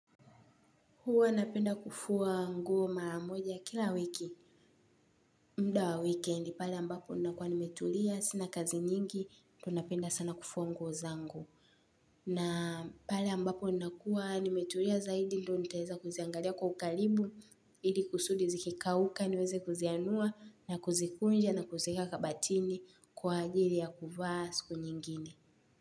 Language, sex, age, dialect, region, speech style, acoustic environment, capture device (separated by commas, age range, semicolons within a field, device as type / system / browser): Swahili, female, 18-24, Tanzania - Dar es Salaam, Tanzania, relaxed, nature, mobile / Linux / Chrome